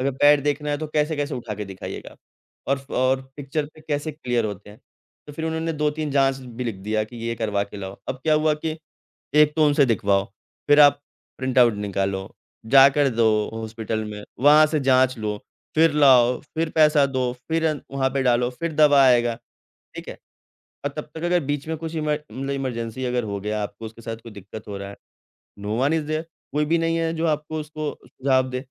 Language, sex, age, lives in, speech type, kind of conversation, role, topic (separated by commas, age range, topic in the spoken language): Hindi, male, 25-29, India, conversation, podcast, guest, Agar telemedicine aam ho jaaye, to gaon mein ilaaj tak pahunch par kya asar padega?